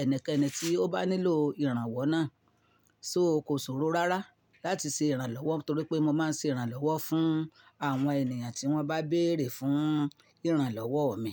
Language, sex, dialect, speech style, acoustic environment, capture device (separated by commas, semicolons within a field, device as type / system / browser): Yoruba, female, Nigeria - Ibadan, happy, silence, mobile / Linux / Chrome